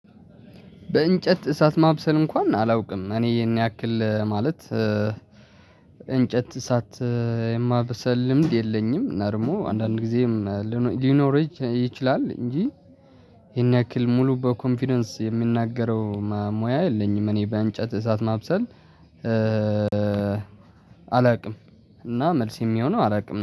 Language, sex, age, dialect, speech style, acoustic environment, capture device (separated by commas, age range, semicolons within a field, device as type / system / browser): Amharic, male, 18-24, Ethiopia - Tigray, relaxed, traffic, mobile / Linux / Chrome